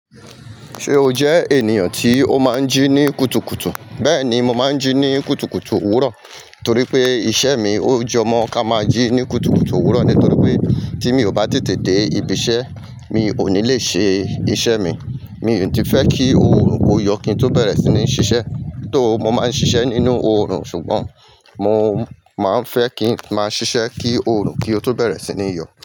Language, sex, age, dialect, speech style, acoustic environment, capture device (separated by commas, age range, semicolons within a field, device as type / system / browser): Yoruba, male, 45-54, Nigeria - Lagos Yoruba, happy; excited, people talking, mobile / Linux / Chrome